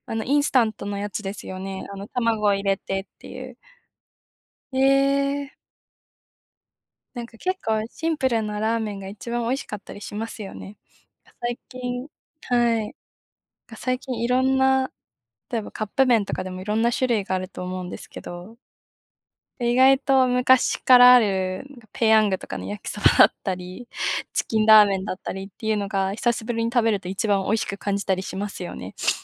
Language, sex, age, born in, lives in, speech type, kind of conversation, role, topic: Japanese, female, 20-24, Japan, Japan, conversation, unstructured, none, 好きな料理にまつわる思い出はありますか？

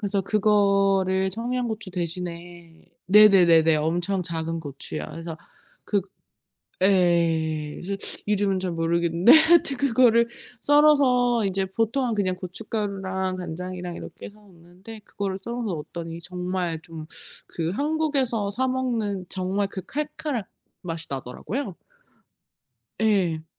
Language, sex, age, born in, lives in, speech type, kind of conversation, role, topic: Korean, female, 35-39, South Korea, United States, conversation, unstructured, none, 최근에 맛있게 먹은 음식이 있나요?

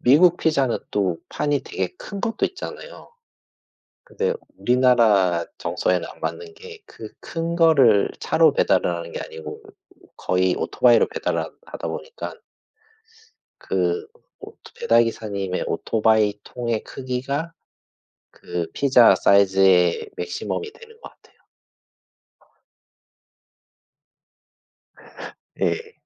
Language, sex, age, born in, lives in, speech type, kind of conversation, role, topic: Korean, male, 40-44, South Korea, Japan, conversation, unstructured, none, 피자와 햄버거 중 어떤 음식을 더 좋아하시나요?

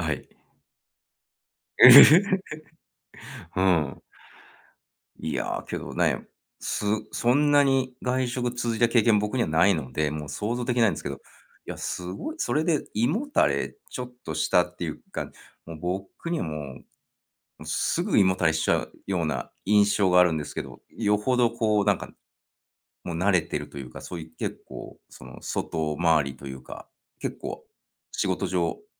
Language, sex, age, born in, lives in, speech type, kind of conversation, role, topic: Japanese, male, 45-49, Japan, United States, conversation, podcast, host, 外食が続くときは、どのように調整していますか？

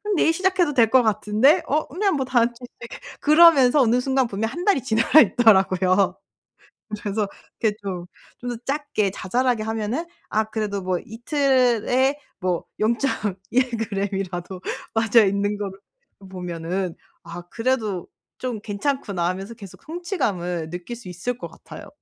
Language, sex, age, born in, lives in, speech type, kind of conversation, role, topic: Korean, female, 25-29, South Korea, Germany, conversation, advice, user, 꾸준히 동기를 유지하려면 어떤 보상을 선택하는 것이 가장 효과적일까요?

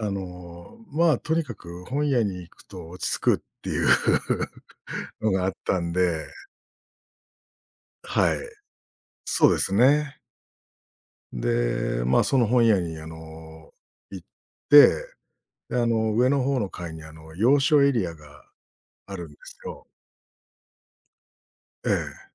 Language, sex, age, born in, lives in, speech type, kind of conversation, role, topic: Japanese, male, 45-49, Japan, Japan, conversation, podcast, guest, 創作のアイデアはどうやって思いつきますか？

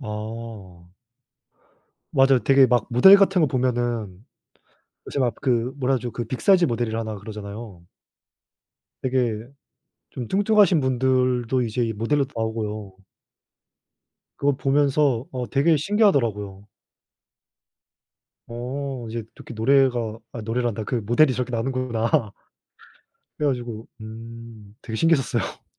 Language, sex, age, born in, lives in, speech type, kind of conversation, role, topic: Korean, male, 20-24, South Korea, South Korea, conversation, unstructured, none, 누군가를 외모로 판단하는 것이 옳을까요?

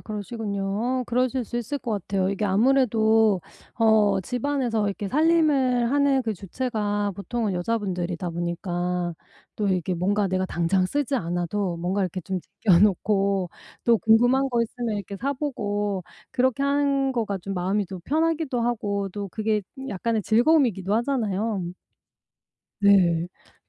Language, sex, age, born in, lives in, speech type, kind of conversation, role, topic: Korean, female, 45-49, South Korea, United States, conversation, advice, advisor, 일상에서 소비 습관을 더 건강하게 조절하려면 어떻게 해야 할까요?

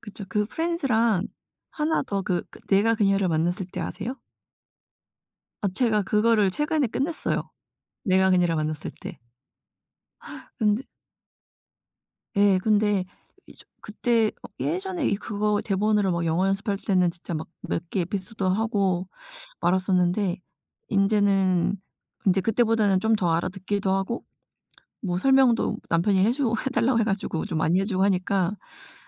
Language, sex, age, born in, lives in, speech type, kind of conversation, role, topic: Korean, female, 30-34, South Korea, United States, conversation, unstructured, none, 요즘 유행하는 드라마 중에 추천할 만한 게 있나요?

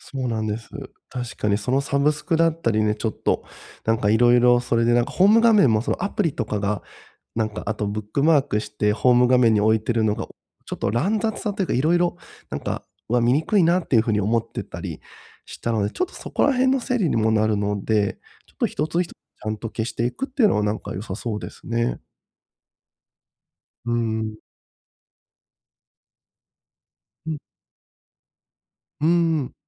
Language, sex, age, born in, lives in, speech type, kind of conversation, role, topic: Japanese, male, 30-34, Japan, Japan, conversation, advice, user, デジタルのファイルや情報の散らかりを減らすには、どうすればよいですか？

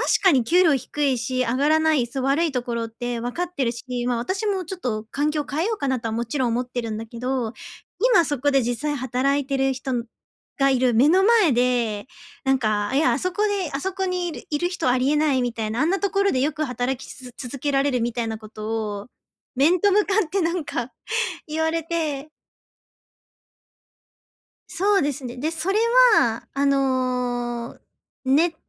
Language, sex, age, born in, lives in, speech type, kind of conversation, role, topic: Japanese, female, 30-34, Japan, Japan, conversation, advice, user, 批判を受けたとき、感情を上手に管理するにはどうすればよいですか？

